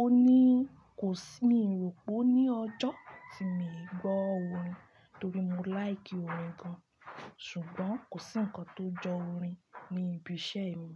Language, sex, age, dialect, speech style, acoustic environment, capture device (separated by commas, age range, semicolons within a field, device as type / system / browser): Yoruba, female, 25-34, Nigeria - Ibadan, happy, construction, desktop / Linux / Chrome